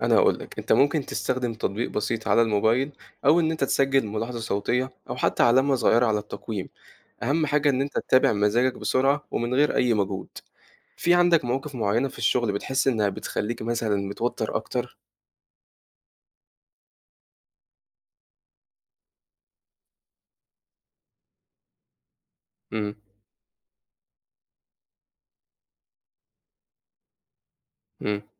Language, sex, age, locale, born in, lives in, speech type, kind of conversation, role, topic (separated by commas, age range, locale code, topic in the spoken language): Arabic, male, 18-19, ar-EG, Egypt, Egypt, read, expert-assistant, expert, ليه ساعات بحس إني مش قادر أتحكم في أعصابي في الشغل، وده بيأثر على تعاملي مع زمايلي؟ إزاي أقدر أعرف السبب وأحسن من نفسي؟